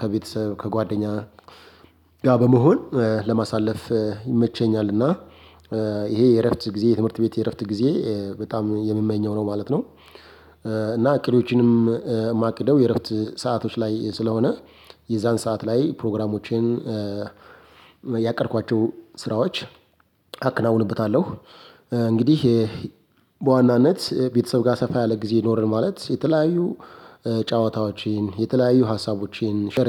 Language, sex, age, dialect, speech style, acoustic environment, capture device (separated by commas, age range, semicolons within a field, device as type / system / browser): Amharic, male, 25-34, Ethiopia - Addis Ababa, happy; excited, silence, mobile / Linux / Chrome